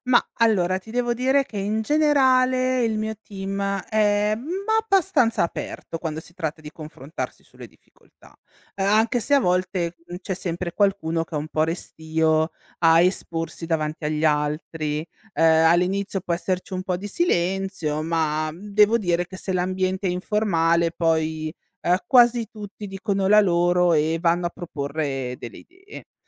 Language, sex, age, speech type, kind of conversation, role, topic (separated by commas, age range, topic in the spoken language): Italian, female, 35-39, read, expert-assistant, asker, Come si può organizzare efficacemente il lavoro in un ufficio amministrativo sanitario?